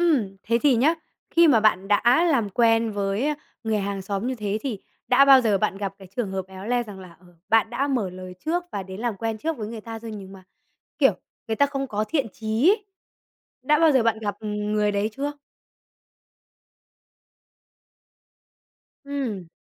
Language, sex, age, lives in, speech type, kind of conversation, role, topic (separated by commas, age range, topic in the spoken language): Vietnamese, male, 20-24, Vietnam, conversation, podcast, host, Làm sao để kết nối với hàng xóm dễ dàng hơn?